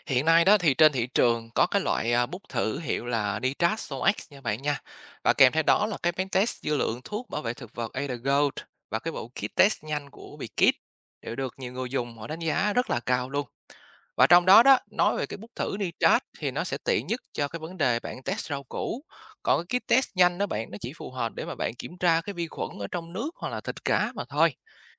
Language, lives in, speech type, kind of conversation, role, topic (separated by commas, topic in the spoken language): Vietnamese, Vietnam, read, expert-assistant, expert, Có những ứng dụng hoặc thiết bị nào giúp kiểm tra nhanh an toàn thực phẩm trong đời sống hàng ngày không? Cách sử dụng chúng ra sao cho hiệu quả?